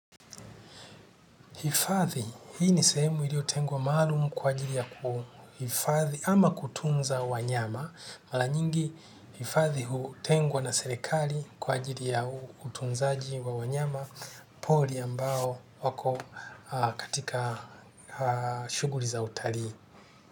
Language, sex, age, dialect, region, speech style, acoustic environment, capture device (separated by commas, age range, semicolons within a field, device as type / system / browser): Swahili, male, 45-54, Kenya - Nairobi Sheng-influenced, Kenya, happy; relaxed, nature; silence, desktop / Linux / Chrome